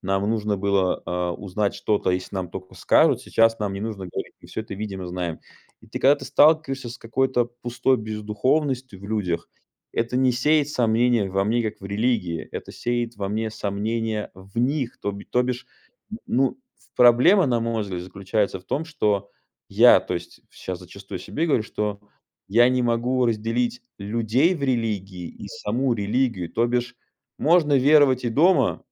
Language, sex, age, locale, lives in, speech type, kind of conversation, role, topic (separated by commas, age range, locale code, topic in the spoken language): Russian, male, 35-39, ru-RU, United States, conversation, advice, user, Как пережить кризис веры или духовных убеждений?